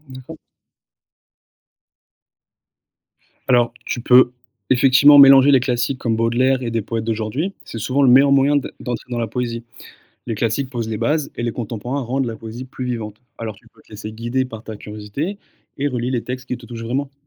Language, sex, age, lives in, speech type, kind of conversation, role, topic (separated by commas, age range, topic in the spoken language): French, male, 30-34, France, read, expert-assistant, expert, Quand tu veux découvrir la poésie, tu préfères donner la priorité aux grands noms connus ou aux nouvelles voix ? Qu’est-ce qui t’attire le plus ?